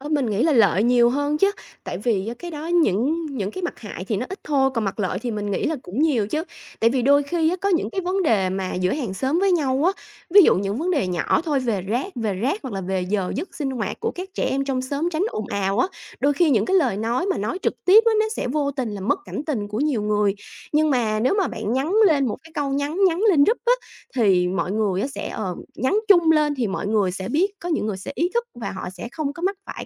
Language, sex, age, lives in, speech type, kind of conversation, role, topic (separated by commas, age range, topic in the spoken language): Vietnamese, female, 30-34, Vietnam, conversation, podcast, guest, Bạn thường làm gì để kết nối với hàng xóm xung quanh mình?